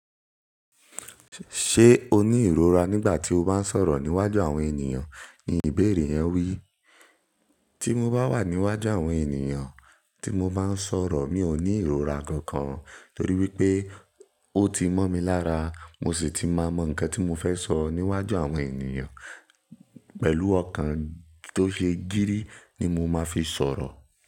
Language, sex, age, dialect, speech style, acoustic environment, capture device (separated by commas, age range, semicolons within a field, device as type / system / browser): Yoruba, male, 18-24, Nigeria - Nigerian Standard, relaxed; focused, silence; nature, mobile / Linux / Chrome